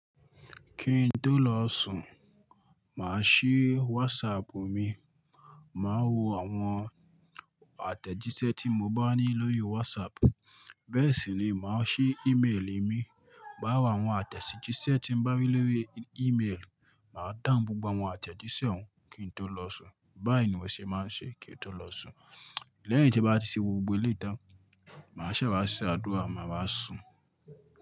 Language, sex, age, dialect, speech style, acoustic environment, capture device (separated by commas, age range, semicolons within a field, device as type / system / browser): Yoruba, male, 25-34, Nigeria - Ibadan, focused; excited; relaxed, silence; nature, mobile / Linux / Chrome